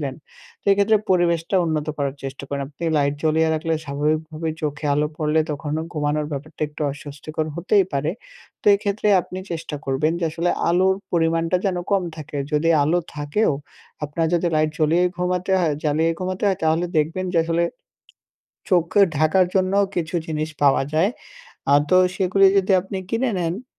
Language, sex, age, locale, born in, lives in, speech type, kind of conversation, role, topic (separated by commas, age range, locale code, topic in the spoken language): Bengali, male, 18-19, bn-BD, Bangladesh, Bangladesh, conversation, advice, advisor, ব্যস্ত জীবনে কীভাবে পর্যাপ্ত ও নিয়মিত ঘুম নিশ্চিত করব?